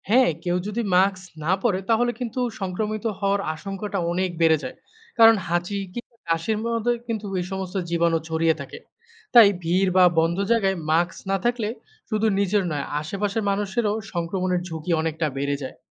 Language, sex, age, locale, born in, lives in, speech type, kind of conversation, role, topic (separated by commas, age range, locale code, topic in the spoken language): Bengali, male, 25-29, bn-BD, Bangladesh, Bangladesh, read, expert-assistant, expert, পরিচ্ছন্নতা বজায় রাখার গুরুত্ব কী?